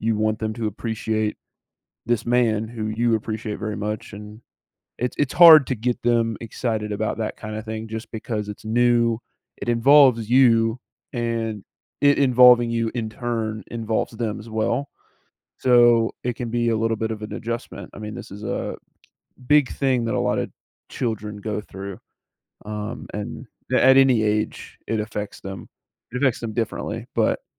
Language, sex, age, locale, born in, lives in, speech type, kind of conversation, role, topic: English, male, 18-19, en-US, United States, United States, conversation, advice, advisor, How can I improve communication in my long-term relationship?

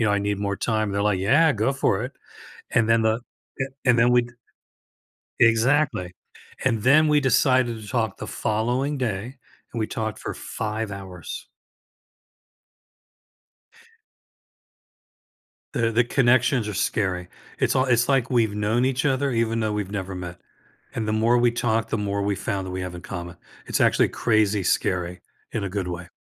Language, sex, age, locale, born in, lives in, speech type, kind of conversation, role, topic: English, male, 65-69, en-US, United States, United States, conversation, advice, user, How can I build trust and balance independence when starting a new relationship?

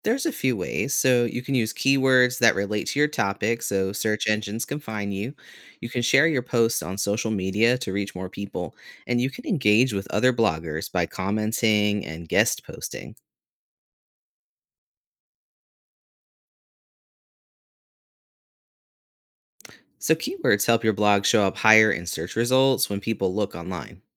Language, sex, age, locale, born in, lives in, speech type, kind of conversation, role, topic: English, male, 35-39, en-US, United States, United States, read, expert-assistant, expert, How do you create a successful blog?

